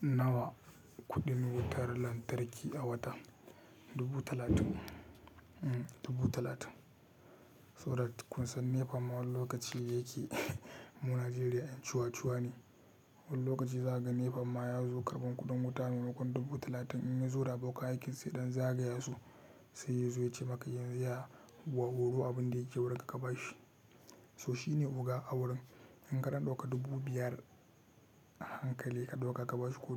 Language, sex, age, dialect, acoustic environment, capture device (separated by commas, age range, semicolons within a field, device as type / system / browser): Hausa, male, 18-24, Nigeria - Katsina, silence, mobile / Linux / Chrome